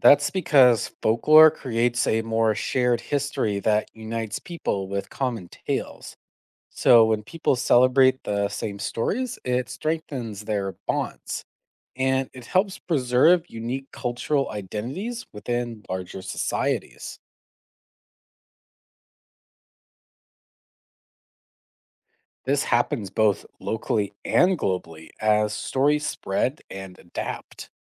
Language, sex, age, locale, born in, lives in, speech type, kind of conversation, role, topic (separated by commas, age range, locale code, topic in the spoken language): English, male, 25-29, en-CA, Canada, United States, read, expert-assistant, expert, What is the significance of folklore in culture?